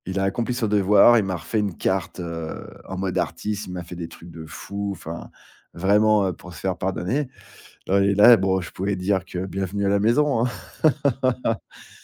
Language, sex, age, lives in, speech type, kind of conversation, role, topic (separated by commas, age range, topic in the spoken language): French, male, 40-44, France, conversation, podcast, guest, Pourquoi, selon toi, cette amitié a-t-elle si bien traversé le temps ?